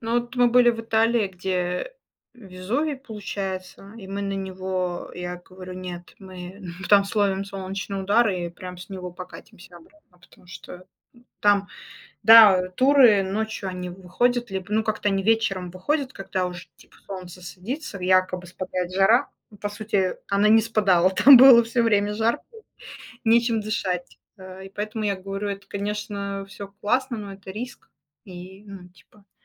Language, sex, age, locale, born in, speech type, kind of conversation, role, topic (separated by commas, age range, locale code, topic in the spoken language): Russian, female, 35-39, ru-RU, Russia, conversation, unstructured, none, Как природа влияет на ваше настроение?